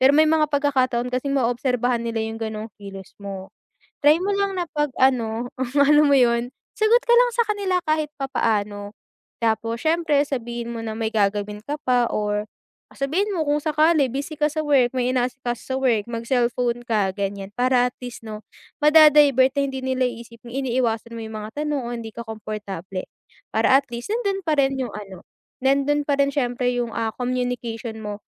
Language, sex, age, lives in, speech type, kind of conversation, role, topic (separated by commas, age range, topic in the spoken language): Filipino, female, 20-24, Philippines, conversation, advice, advisor, Paano ako magiging mas kumportable sa malalaking pagtitipon kapag nahihiya ako?